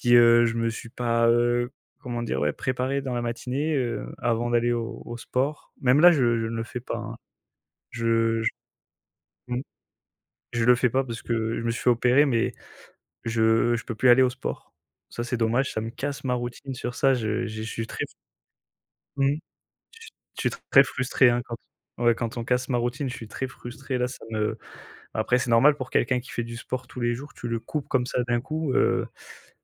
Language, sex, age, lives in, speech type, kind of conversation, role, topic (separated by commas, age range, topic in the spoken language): French, male, 25-29, France, conversation, unstructured, none, Qu’est-ce que tu apprécies dans ta routine matinale ?